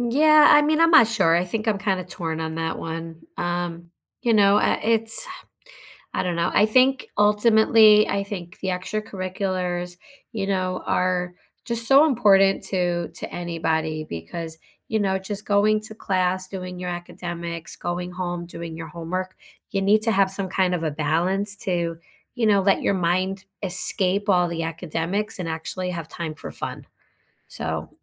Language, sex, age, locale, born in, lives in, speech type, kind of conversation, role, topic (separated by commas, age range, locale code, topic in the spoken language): English, female, 45-49, en-US, United States, United States, conversation, unstructured, none, Which extracurricular activities shaped you more than any class, and how did they change you?